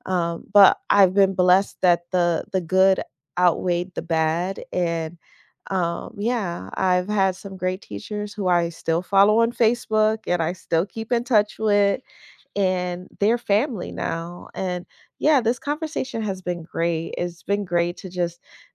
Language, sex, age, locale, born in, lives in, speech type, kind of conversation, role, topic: English, female, 40-44, en-US, United States, United States, conversation, unstructured, none, Which class surprised you in a good way, and how did it change your perspective?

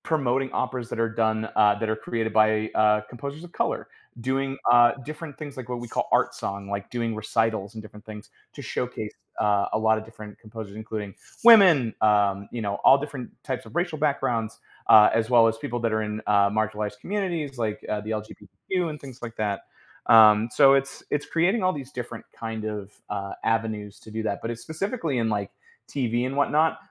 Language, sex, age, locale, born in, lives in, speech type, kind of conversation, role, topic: English, male, 35-39, en-US, United States, United States, conversation, podcast, guest, How does greater diversity in entertainment shape the stories we see and the way we relate to them?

